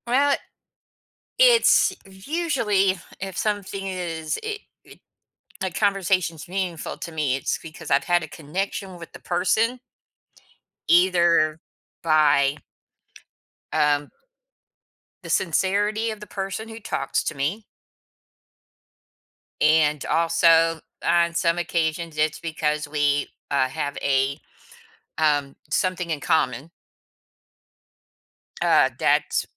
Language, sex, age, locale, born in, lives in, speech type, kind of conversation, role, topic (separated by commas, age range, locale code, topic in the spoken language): English, female, 55-59, en-US, United States, United States, conversation, podcast, guest, What helps turn an ordinary conversation into a truly meaningful one?